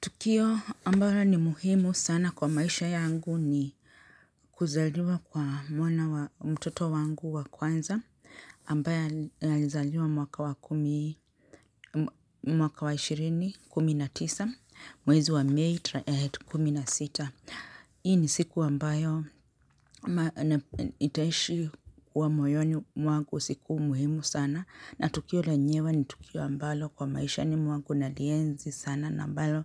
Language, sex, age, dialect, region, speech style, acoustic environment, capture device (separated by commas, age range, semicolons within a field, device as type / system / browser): Swahili, male, 35-44, Kenya - Nairobi Sheng-influenced, Kenya, relaxed, appliances, mobile / Linux / Chrome